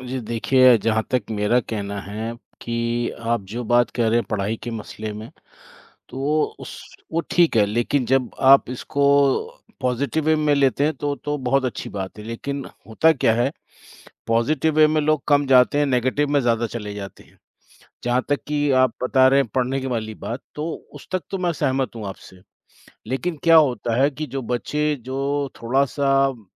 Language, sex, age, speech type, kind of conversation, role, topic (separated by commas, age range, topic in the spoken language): Hindi, male, 55-59, conversation, unstructured, none, स्मार्टफोन ने आपकी दिनचर्या को कैसे बदला है?